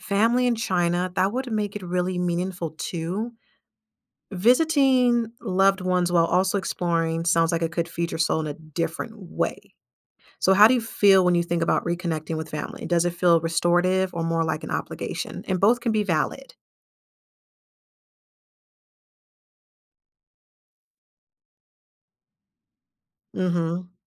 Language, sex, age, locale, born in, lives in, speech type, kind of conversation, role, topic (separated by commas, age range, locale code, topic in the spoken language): English, female, 30-34, en-US, United States, United States, conversation, advice, advisor, How can I plan my dream vacation?